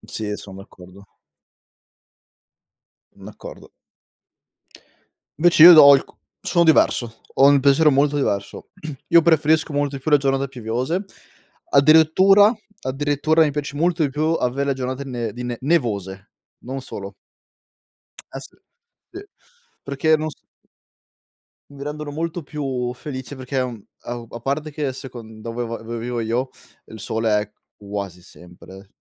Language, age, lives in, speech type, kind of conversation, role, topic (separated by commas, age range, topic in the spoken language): Italian, 25-29, Italy, conversation, unstructured, none, Cosa ti fa sorridere anche nelle giornate più difficili?